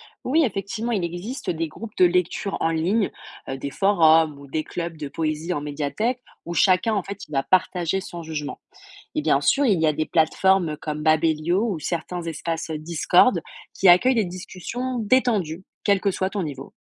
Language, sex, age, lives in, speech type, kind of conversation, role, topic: French, female, 20-24, France, read, expert-assistant, expert, Quand je lis un poème, comment m’y prendre pour en profiter vraiment ?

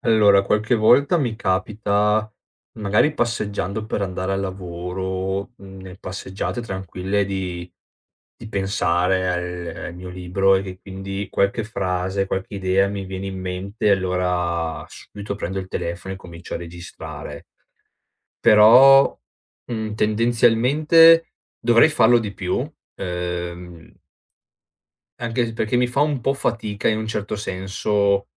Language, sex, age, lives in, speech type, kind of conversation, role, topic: Italian, male, 30-34, Italy, conversation, advice, user, Come posso trovare tempo durante la giornata per dedicarmi a un esercizio creativo?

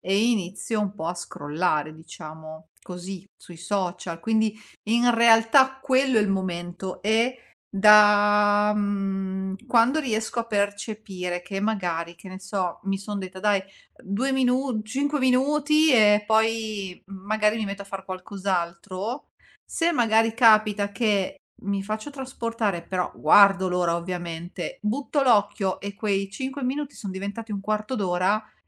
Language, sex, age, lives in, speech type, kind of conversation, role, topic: Italian, female, 40-44, Italy, conversation, podcast, guest, Come fai a staccare davvero dai social media?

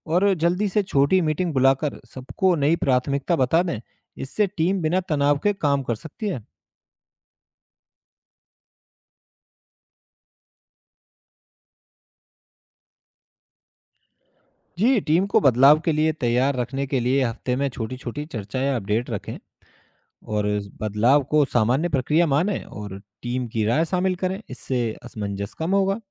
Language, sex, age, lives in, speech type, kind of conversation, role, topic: Hindi, male, 35-39, India, read, expert-assistant, expert, जब दफ़्तर में कई काम एक साथ आ जाएँ, तो सबसे ज़रूरी काम को कैसे पहचानें और चुनें?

